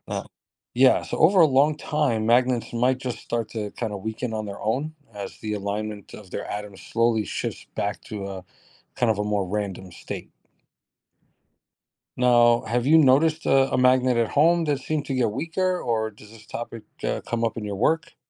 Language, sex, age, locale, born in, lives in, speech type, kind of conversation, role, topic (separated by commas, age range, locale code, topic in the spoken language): English, male, 40-44, en-US, United States, United States, read, expert-assistant, expert, What are the principles of magnetism and how do they apply to everyday life?